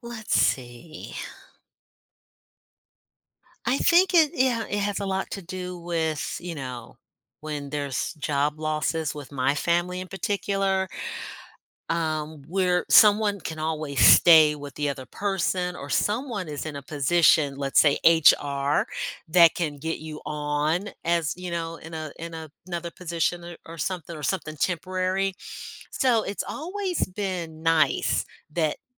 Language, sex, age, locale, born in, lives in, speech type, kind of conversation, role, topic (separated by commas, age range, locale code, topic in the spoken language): English, female, 55-59, en-US, United States, United States, conversation, unstructured, none, How do the people in your life shape your sense of family?